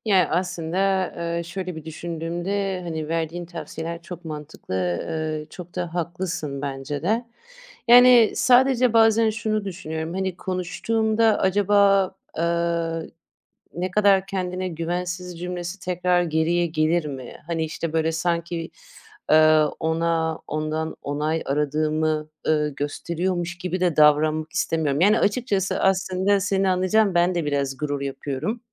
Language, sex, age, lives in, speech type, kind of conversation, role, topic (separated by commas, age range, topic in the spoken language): Turkish, female, 30-34, Netherlands, conversation, advice, user, İlişkimde sürekli onay arama ihtiyacımı nasıl azaltıp öz-değerimi nasıl güçlendirebilirim?